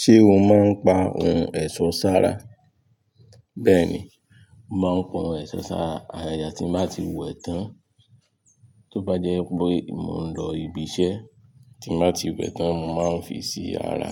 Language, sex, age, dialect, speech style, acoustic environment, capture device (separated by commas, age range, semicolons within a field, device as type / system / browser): Yoruba, male, 25-34, Nigeria - Nigerian Standard, tired, nature; music; people talking; traffic; construction, mobile / Linux / Chrome